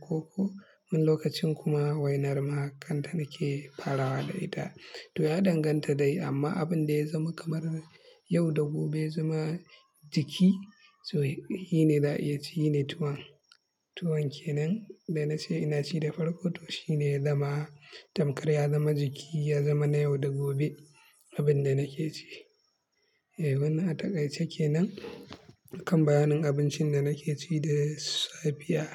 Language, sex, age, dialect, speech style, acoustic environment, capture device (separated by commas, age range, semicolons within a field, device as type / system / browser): Hausa, male, 25-34, Nigeria - Kano, happy; relaxed; focused; excited, silence, mobile / Linux / Chrome